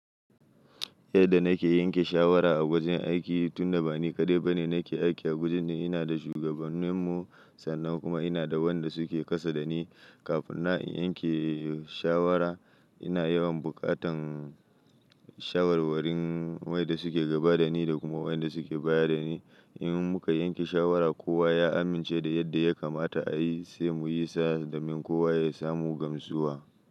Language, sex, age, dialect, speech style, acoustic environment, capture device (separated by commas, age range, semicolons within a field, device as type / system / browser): Hausa, male, 25-34, Nigeria - Nigerian Standard, anxious; happy, silence; nature, mobile / Linux / Chrome